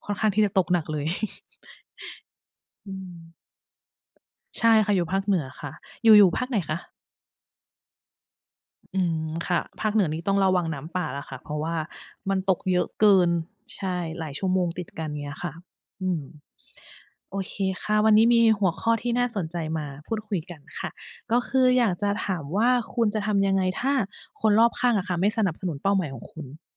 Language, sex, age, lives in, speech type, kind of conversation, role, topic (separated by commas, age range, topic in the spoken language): Thai, female, 40-44, Thailand, conversation, unstructured, none, คุณจะทำอย่างไรถ้าคนรอบตัวไม่สนับสนุนเป้าหมายของคุณ?